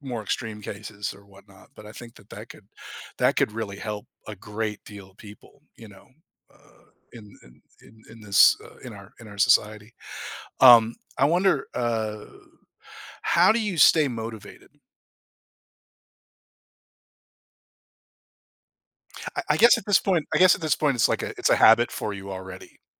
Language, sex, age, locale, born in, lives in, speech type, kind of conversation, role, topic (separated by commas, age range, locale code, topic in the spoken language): English, male, 50-54, en-US, United States, United States, conversation, podcast, host, In what ways can regular physical activity support emotional well-being and resilience?